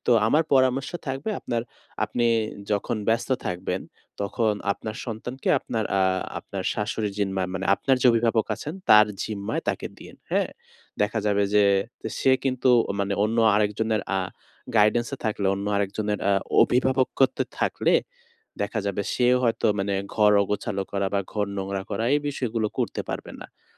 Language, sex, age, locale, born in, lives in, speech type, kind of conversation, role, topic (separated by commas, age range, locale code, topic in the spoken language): Bengali, male, 20-24, bn-BD, Bangladesh, Bangladesh, conversation, advice, advisor, আমি কীভাবে আমার সৃজনশীল কর্মস্থল পরিষ্কার ও সুশৃঙ্খল রাখতে পারি?